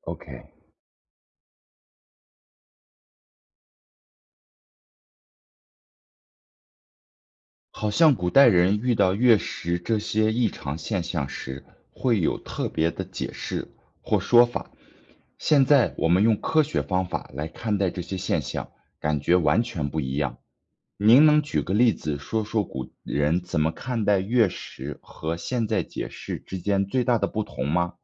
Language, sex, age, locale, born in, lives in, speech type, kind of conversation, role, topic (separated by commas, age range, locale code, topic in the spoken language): Chinese, male, 30-34, zh-CN, China, United States, read, expert-assistant, asker, 为什么月亮有阴晴圆缺？